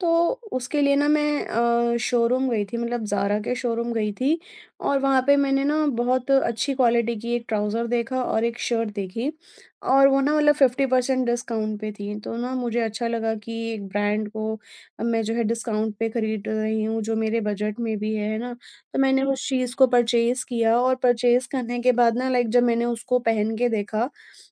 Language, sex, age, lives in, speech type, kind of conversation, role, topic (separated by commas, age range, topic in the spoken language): Hindi, female, 30-34, India, conversation, advice, user, बजट में अच्छे और टिकाऊ सामान कैसे ढूंढूँ?